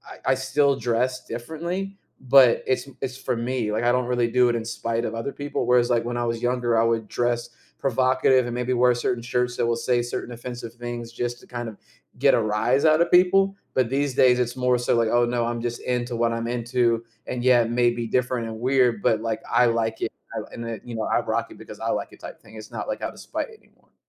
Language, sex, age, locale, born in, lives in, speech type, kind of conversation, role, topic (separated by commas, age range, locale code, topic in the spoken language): English, male, 30-34, en-US, United States, United States, conversation, podcast, guest, How does creative expression shape who you are and how you connect with others?